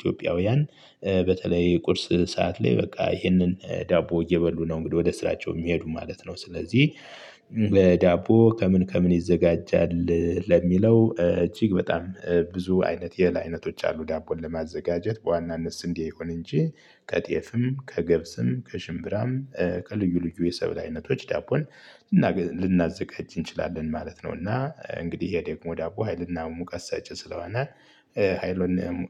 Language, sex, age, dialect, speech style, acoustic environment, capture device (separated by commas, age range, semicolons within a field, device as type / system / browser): Amharic, male, 35-44, Ethiopia - Gojjam, happy, nature, mobile / Linux / Chrome